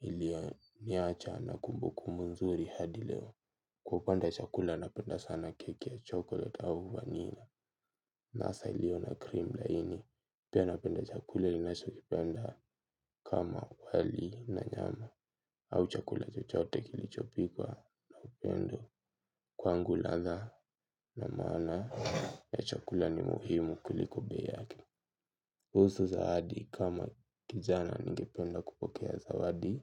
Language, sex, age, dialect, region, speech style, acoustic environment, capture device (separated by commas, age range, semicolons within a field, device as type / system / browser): Swahili, male, 18-24, Kenya - Nairobi Sheng-influenced, Kenya, stressed, silence, mobile / Linux / Chrome